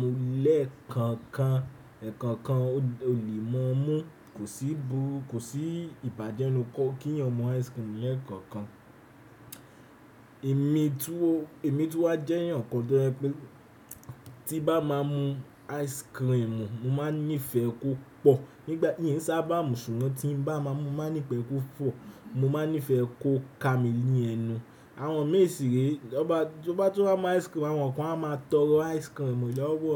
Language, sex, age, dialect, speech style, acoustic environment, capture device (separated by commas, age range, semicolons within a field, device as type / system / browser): Yoruba, male, 18-24, Nigeria - Nigerian Standard, relaxed; anxious, nature, desktop / Windows / Chrome